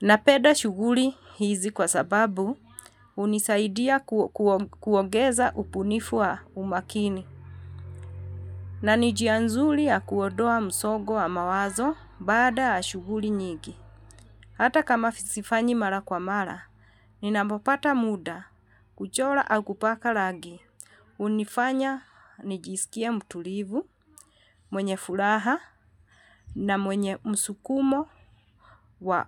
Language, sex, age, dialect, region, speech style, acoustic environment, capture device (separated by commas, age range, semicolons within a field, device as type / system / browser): Swahili, female, 45-54, Kenya - Nairobi Sheng-influenced, Kenya, relaxed, silence, mobile / Linux / Chrome